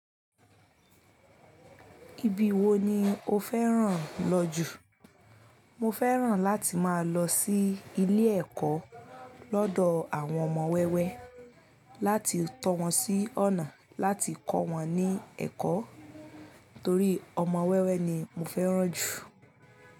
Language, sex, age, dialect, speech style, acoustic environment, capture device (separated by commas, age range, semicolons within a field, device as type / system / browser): Yoruba, female, 25-34, Nigeria - Ibadan, focused, nature, mobile / Linux / Chrome